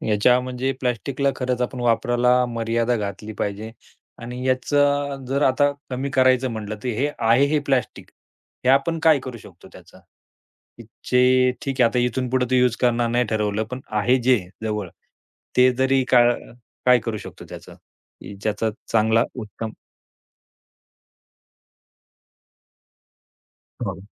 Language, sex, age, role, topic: Marathi, male, 35-39, host, दैनंदिन आयुष्यात प्लास्टिकचा वापर कमीत कमी करण्यासाठी कोणते सोपे आणि व्यवहार्य उपाय करता येतील?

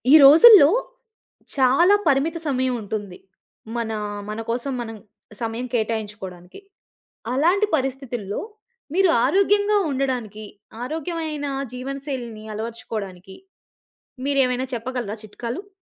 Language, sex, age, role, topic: Telugu, female, 30-34, host, పరిమిత సమయం ఉన్నప్పటికీ ఆరోగ్యంగా జీవించడానికి మీరు పాటించే చిట్కాలు ఏమిటి?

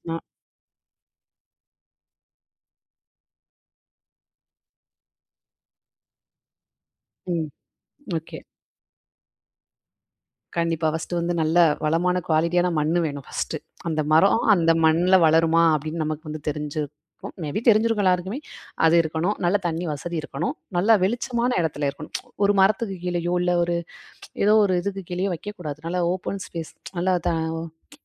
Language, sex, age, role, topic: Tamil, female, 25-29, guest, வனங்களும் மரங்களும் நமக்கு எவ்வளவு முக்கியம் என்பதை விளக்க முடியுமா?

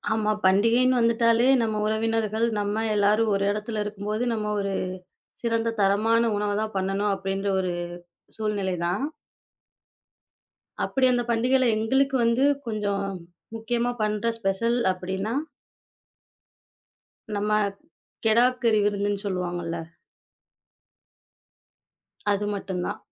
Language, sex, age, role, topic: Tamil, female, 25-29, guest, பண்டிகைகளில் உங்கள் குடும்பம் எந்த உணவைச் சமைத்துப் பெருமைப்படுகிறது?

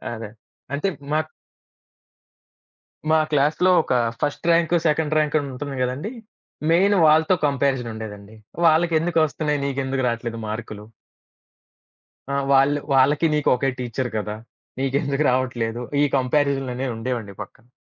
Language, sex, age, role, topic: Telugu, male, 25-29, guest, కుటుంబంలో ఉన్న వాతావరణం మీకు చదువు ఆనందంగా అనిపించేందుకు ఎలా సహాయపడింది?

